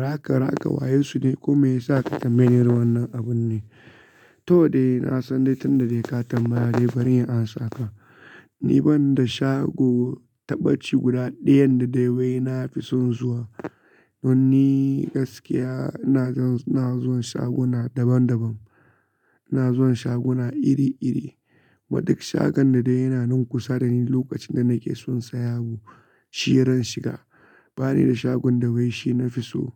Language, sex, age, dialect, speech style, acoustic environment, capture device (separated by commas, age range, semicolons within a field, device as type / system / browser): Hausa, male, 25-34, Nigeria - Kano, focused, nature; silence, mobile / Linux / Chrome